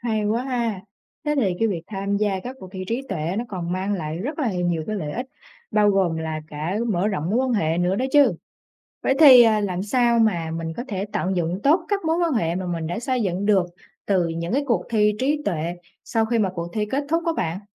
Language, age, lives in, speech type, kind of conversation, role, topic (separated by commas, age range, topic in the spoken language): Vietnamese, 20-24, Vietnam, read, expert-assistant, asker, Những lợi ích của việc tham gia các cuộc thi trí tuệ là gì?